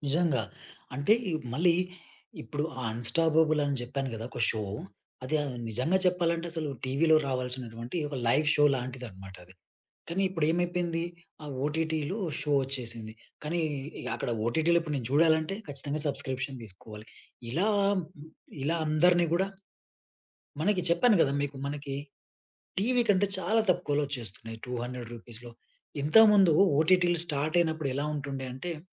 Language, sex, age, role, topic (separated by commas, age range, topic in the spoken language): Telugu, male, 30-34, guest, వెబ్ సిరీస్‌లు టెలివిజన్ భవిష్యత్తుపై ఎలా ప్రభావం చూపుతున్నాయి?